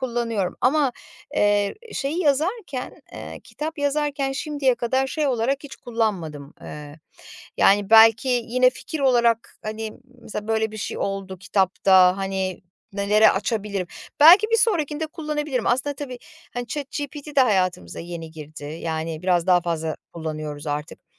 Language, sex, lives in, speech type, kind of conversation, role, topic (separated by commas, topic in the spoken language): Turkish, female, Poland, conversation, podcast, guest, Bir hikâyeyi nasıl kurgularsın?